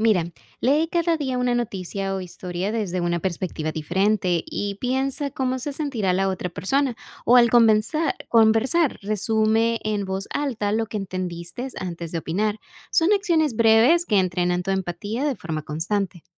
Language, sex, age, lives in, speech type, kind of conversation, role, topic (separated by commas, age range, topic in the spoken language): Spanish, female, 25-29, United States, read, expert-assistant, expert, ¿De qué manera el entrenamiento de animales me ayuda a ser más paciente y resiliente en otras áreas de mi vida?